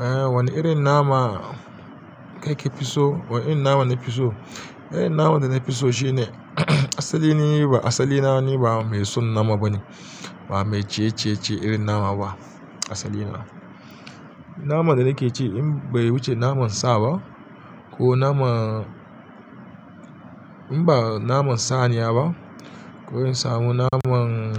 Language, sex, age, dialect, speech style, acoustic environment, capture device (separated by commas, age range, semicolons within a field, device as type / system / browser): Hausa, male, 35-44, Nigeria - Kano, excited, nature, mobile / Linux / Chrome